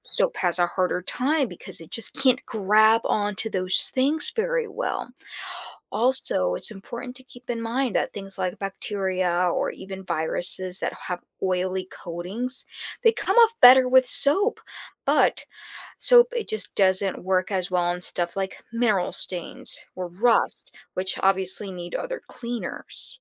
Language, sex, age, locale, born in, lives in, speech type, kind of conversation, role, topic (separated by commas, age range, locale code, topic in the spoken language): English, female, 30-34, en-US, United States, United States, read, expert-assistant, expert, What makes soap effective at cleaning?